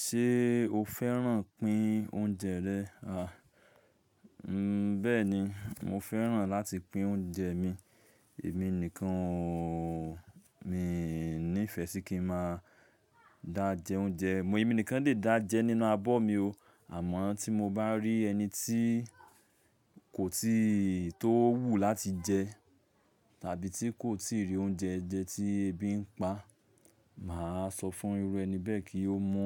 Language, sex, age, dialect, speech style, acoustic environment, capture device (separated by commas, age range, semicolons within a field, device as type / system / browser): Yoruba, male, 25-34, Nigeria - Lagos Yoruba, happy, silence, mobile / Linux / Chrome